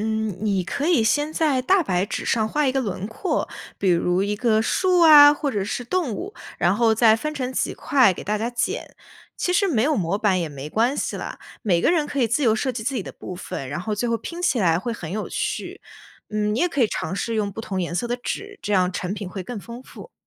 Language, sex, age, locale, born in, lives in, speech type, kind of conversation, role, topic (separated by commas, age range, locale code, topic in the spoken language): Chinese, female, 20-24, zh-CN, China, United States, read, expert-assistant, expert, 想更好地体验和学习中国的民间艺术，比如剪纸或者糖画，有哪些简单又有趣的方法可以试试？